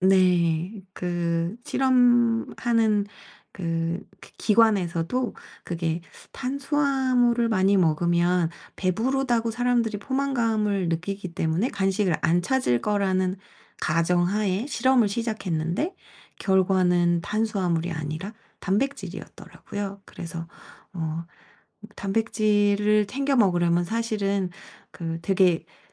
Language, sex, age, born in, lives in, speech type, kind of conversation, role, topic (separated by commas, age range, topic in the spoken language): Korean, female, 45-49, South Korea, South Korea, conversation, advice, advisor, 일상에서 음식 섭취를 더 의식적으로 관리하려면 어떻게 시작하면 좋을까요?